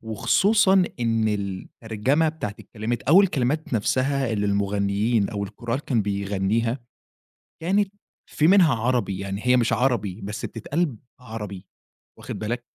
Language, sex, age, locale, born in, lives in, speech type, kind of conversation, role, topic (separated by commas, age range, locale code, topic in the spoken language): Arabic, male, 20-24, ar-EG, Egypt, Egypt, conversation, podcast, guest, إيه أحلى لحظة عشتها في حفلة لايف؟